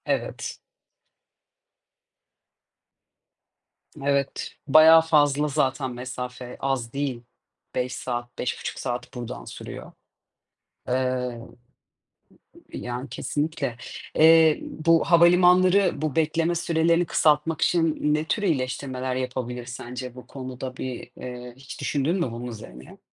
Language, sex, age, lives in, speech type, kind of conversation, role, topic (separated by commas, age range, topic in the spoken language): Turkish, female, 30-34, Portugal, conversation, unstructured, none, Havaalanlarındaki uzun beklemeler seni ne kadar sinirlendiriyor?